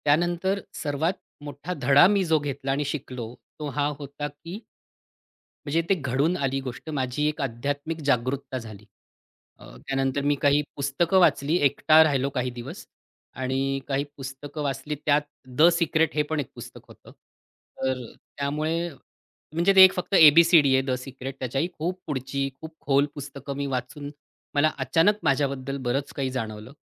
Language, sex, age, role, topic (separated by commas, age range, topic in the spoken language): Marathi, male, 40-44, guest, तुमचं पहिलं मोठं अपयश कोणतं होतं आणि त्यातून तुम्ही काय शिकलात?